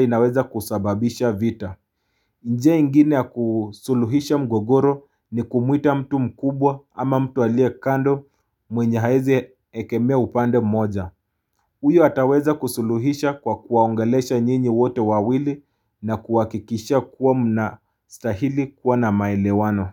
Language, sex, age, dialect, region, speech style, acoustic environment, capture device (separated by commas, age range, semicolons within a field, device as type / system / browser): Swahili, male, 25-34, Kenya - Mombasa, Kenya, tired, silence, mobile / Linux / Chrome